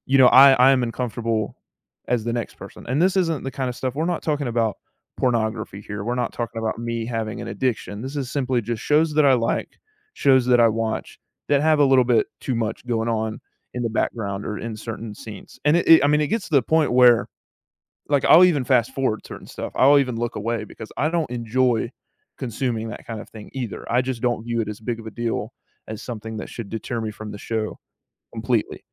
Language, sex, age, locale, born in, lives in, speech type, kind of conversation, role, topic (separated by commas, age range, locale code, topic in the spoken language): English, male, 18-19, en-US, United States, United States, conversation, advice, user, How can I make amends and improve communication after mishandling an argument with my partner?